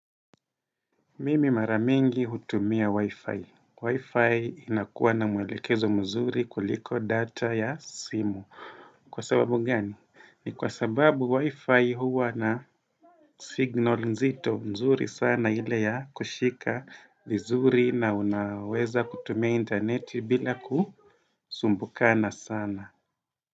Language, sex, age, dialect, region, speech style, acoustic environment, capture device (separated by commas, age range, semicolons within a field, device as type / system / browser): Swahili, male, 35-44, Kenya - Mombasa, Kenya, happy; relaxed; focused, silence; nature, desktop / Windows / Chrome